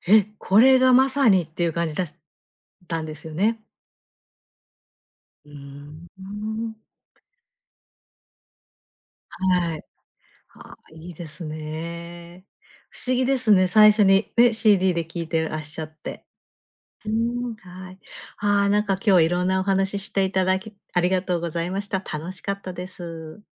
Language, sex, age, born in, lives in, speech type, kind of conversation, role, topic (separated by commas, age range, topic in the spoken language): Japanese, female, 50-54, Japan, Japan, conversation, podcast, host, 歌詞の言葉がわからない曲でも、魅力を感じることはありますか？